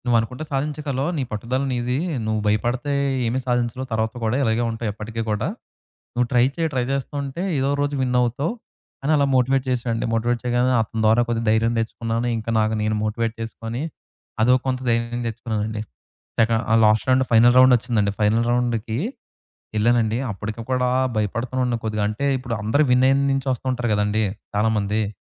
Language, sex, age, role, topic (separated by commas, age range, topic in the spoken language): Telugu, male, 20-24, guest, నీకు ధైర్యం తెచ్చిన సంఘటన ఏది?